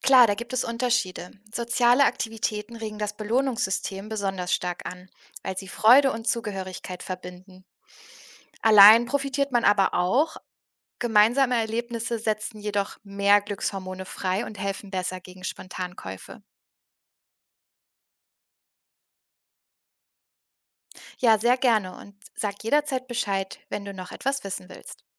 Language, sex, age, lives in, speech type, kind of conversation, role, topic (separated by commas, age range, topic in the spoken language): German, female, 30-34, Germany, read, expert-assistant, expert, Wie kann ich mir selbst helfen, beim Einkaufen weniger Impulskäufe zu machen?